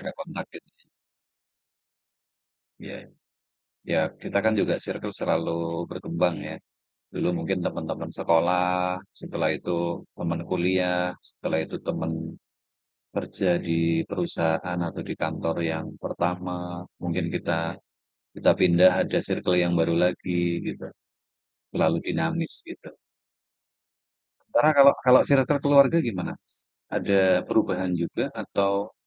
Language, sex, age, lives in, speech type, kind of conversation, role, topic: Indonesian, male, 45-49, Indonesia, conversation, unstructured, none, Bagaimana Anda memutuskan apakah akan menghabiskan waktu dengan teman atau keluarga?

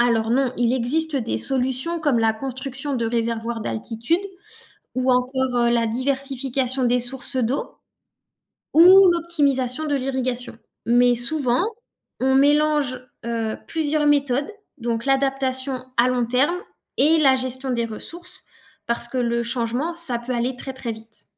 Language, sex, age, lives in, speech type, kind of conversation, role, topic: French, female, 35-39, France, read, expert-assistant, expert, Les paysages formés par les glaciers sont-ils plus spectaculaires que ceux façonnés par les rivières ?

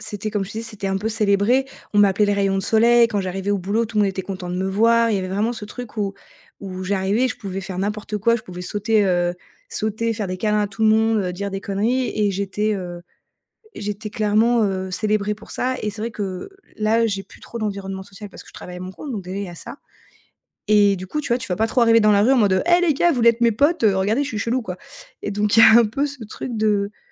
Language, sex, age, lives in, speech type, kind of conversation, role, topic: French, female, 30-34, France, conversation, advice, user, Comment rester moi-même quand la pression sociale me pousse à changer ?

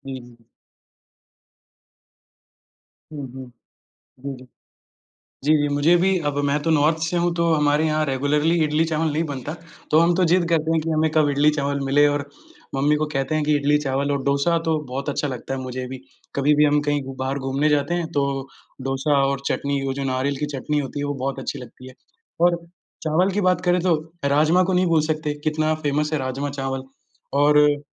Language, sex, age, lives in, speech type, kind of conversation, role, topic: Hindi, male, 20-24, India, conversation, unstructured, none, आपको कौन-सा खाना सबसे ज़्यादा पसंद है और क्यों?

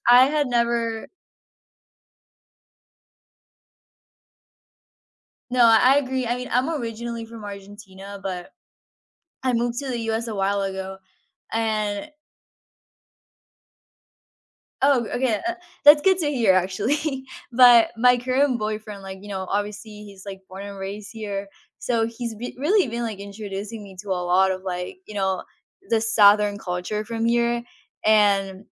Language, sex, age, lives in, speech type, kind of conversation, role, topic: English, female, 18-19, United States, conversation, unstructured, none, Which do you prefer, sweet snacks or salty snacks, and why?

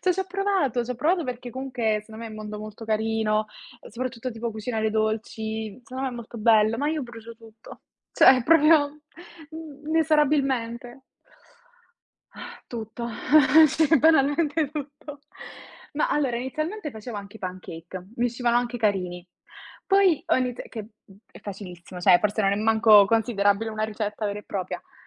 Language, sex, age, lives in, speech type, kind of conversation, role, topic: Italian, female, 20-24, Italy, conversation, unstructured, none, Hai mai scoperto un talento nascosto provando qualcosa di nuovo?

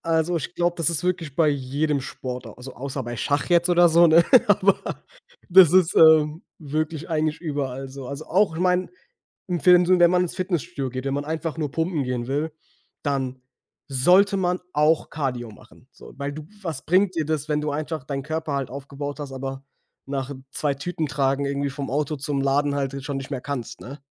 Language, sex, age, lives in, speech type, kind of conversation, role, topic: German, male, 25-29, Germany, conversation, unstructured, none, Was motiviert dich, regelmäßig Sport zu treiben?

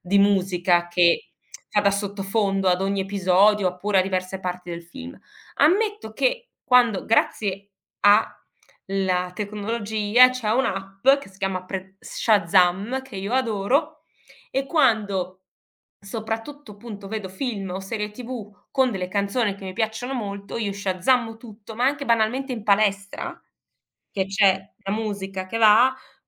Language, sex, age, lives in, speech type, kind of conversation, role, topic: Italian, female, 40-44, Germany, conversation, podcast, guest, Come scoprivi musica nuova quando eri adolescente?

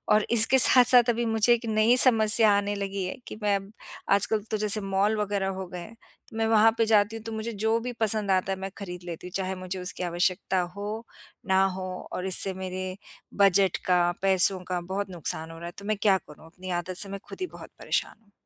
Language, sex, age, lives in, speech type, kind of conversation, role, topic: Hindi, female, 50-54, United States, conversation, advice, user, कब और कैसे आपने खाने या खरीदारी जैसे छोटे-छोटे व्यसनों पर अपना नियंत्रण खो दिया?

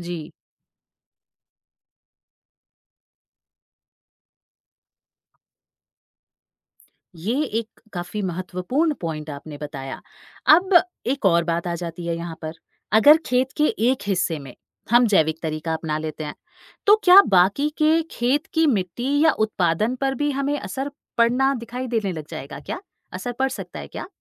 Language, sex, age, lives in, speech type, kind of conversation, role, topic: Hindi, female, 35-39, India, read, expert-assistant, asker, क्या फसल चक्र और जैविक खेती से खेत की उर्वरता और आमदनी बढ़ सकती है?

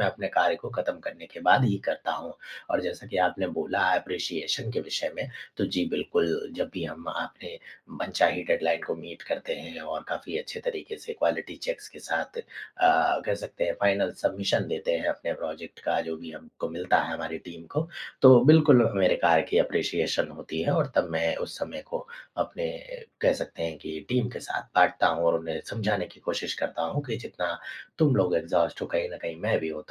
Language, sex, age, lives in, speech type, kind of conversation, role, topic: Hindi, male, 25-29, India, conversation, advice, user, नौकरी के लगातार दबाव के कारण आपको थकान और बेचैनी कैसे महसूस होती है?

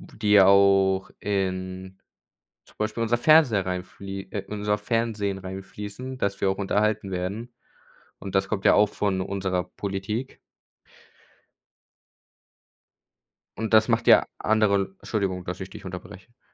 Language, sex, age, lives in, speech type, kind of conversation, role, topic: German, male, 18-19, Germany, conversation, unstructured, none, Wie kannst du andere von deiner politischen Meinung überzeugen?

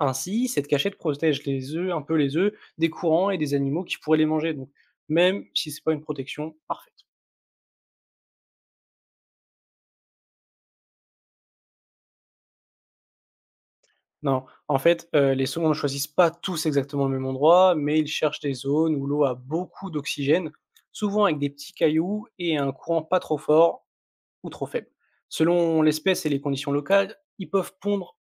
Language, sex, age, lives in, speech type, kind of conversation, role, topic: French, male, 20-24, France, read, expert-assistant, expert, Comment les saumons remontent-ils les rivières pour pondre ?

